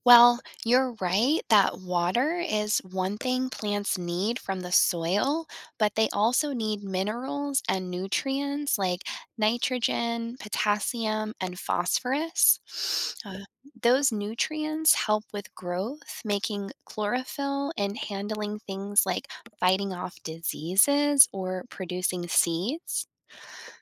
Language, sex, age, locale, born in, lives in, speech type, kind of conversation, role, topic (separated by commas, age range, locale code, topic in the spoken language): English, female, 35-39, en-US, United States, United States, read, expert-assistant, expert, How do plants make their own food?